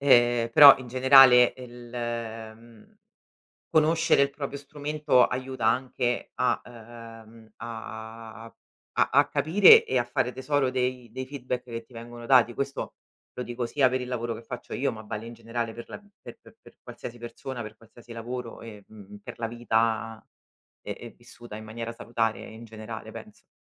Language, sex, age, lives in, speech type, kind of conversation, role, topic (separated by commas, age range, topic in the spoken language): Italian, female, 35-39, Italy, conversation, podcast, guest, Come chiedere un feedback difficile senza sentirsi sotto esame?